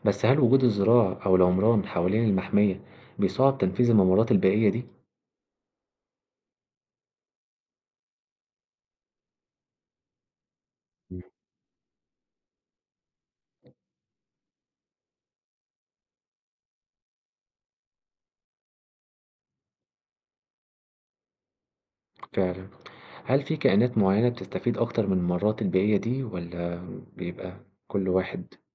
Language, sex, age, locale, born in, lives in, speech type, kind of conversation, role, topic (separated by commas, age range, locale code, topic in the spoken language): Arabic, male, 20-24, ar-EG, Egypt, Egypt, read, expert-assistant, asker, إزاي وجود الإنسان وتدخله في الطبيعة بيغير من شكل وتنوع الكائنات الحية حوالينا؟